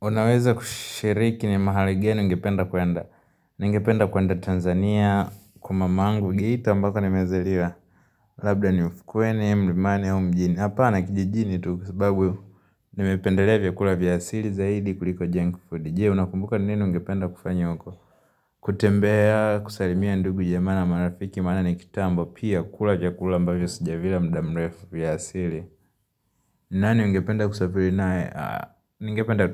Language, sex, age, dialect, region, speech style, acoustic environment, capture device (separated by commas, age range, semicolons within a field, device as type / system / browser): Swahili, male, 18-24, Tanzania - Dar es Salaam, Tanzania, happy; relaxed, nature, mobile / Linux / Chrome